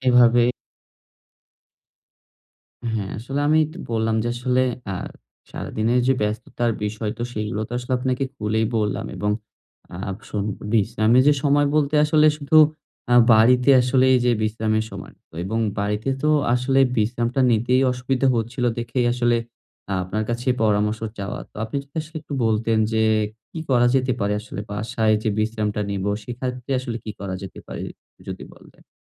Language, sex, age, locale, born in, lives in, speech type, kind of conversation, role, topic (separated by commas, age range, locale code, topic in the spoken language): Bengali, male, 20-24, bn-BD, Bangladesh, Bangladesh, conversation, advice, user, বাড়িতে আরামে বিশ্রাম নিতে আমার কেন অসুবিধা হয়?